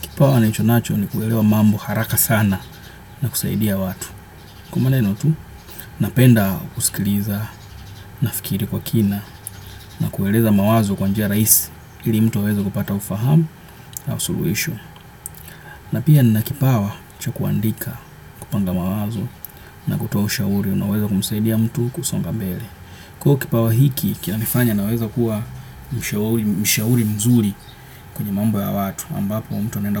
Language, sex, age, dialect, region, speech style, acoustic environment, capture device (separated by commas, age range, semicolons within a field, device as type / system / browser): Swahili, male, 25-34, Kenya - Nairobi Sheng-influenced, Kenya, focused, nature, desktop / Windows / Chrome